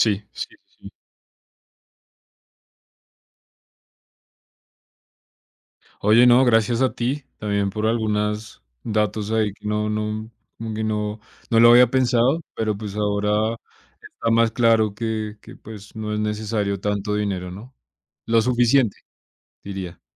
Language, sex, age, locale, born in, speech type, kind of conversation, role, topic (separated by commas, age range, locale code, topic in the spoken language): Spanish, male, 25-29, es-CO, Colombia, conversation, unstructured, none, ¿Crees que el dinero compra la felicidad?